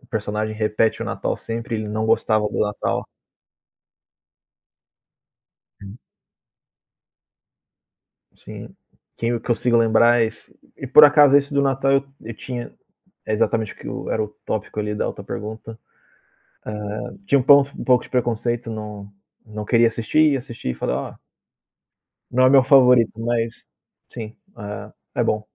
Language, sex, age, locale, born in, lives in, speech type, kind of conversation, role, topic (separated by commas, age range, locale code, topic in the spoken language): Portuguese, male, 30-34, pt-BR, Brazil, Portugal, conversation, unstructured, none, Qual filme de comédia você nunca se cansa de assistir?